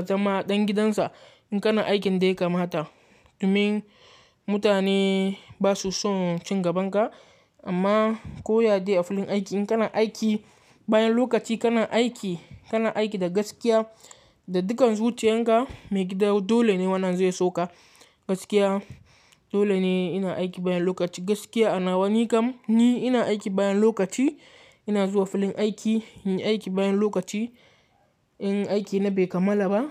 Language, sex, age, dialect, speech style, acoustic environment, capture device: Hausa, male, 18-24, Nigeria - Katsina, focused; relaxed, nature, mobile / Linux / Chrome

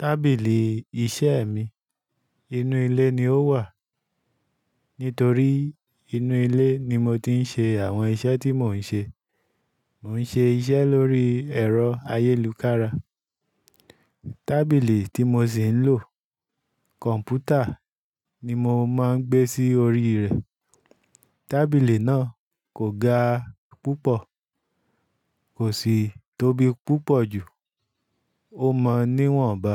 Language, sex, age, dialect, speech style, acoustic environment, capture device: Yoruba, male, 35-44, Nigeria - Ibadan, focused, silence, desktop / Linux / Chrome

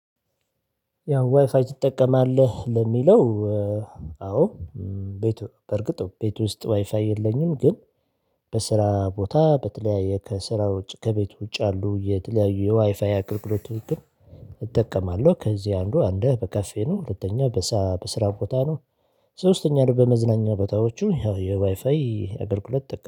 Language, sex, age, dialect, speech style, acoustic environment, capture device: Amharic, male, 35-44, Ethiopia - Addis Ababa, excited, silence, mobile / Linux / Chrome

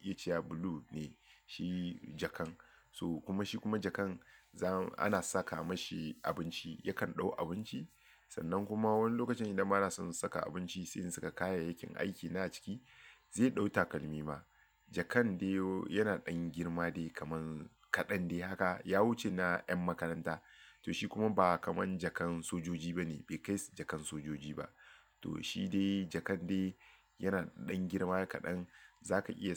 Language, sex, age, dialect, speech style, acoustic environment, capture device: Hausa, male, 35-44, Nigeria - Lagos Yoruba, focused, nature, mobile / Linux / Chrome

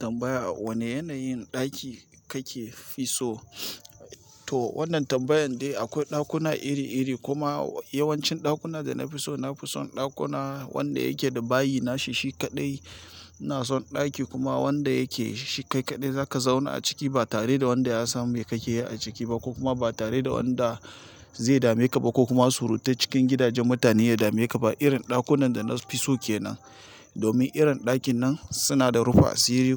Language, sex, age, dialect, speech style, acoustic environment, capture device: Hausa, male, 35-44, Nigeria - Nigerian Standard, relaxed; excited, silence, mobile / Linux / Chrome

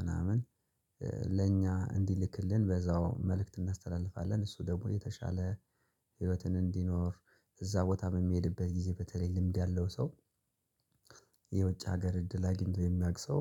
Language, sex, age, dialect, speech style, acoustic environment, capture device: Amharic, male, 25-34, Ethiopia - Gojjam, relaxed, silence, mobile / Linux / Chrome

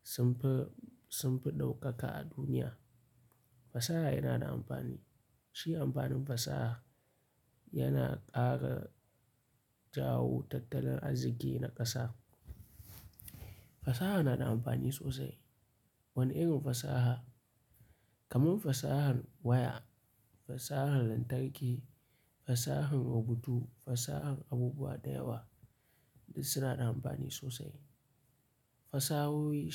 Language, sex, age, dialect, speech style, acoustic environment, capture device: Hausa, male, 25-34, Nigeria - Kano, happy; relaxed; focused, silence, mobile / Linux / Chrome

